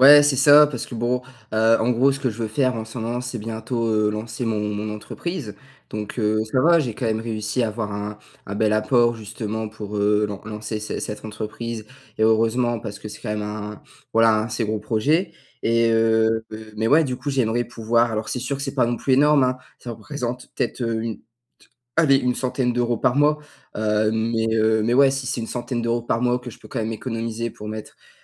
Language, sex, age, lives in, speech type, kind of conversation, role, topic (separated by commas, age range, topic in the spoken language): French, male, 18-19, France, conversation, advice, user, Comment puis-je réduire mes dépenses superflues sans trop me priver ?